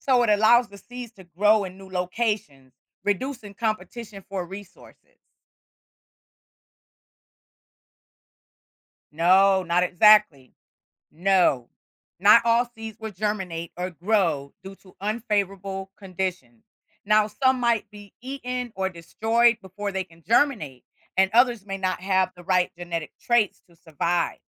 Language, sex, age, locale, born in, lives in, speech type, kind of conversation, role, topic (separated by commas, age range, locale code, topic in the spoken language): English, female, 55-59, en-US, United States, United States, read, expert-assistant, expert, How do seeds become plants?